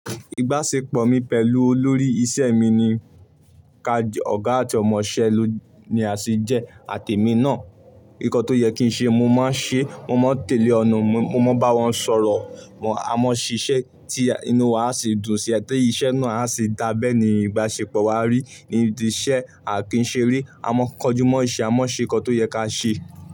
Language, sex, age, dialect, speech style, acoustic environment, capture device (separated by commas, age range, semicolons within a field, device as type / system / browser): Yoruba, male, 18-24, Nigeria - Ibadan, focused, silence, mobile / Linux / Chrome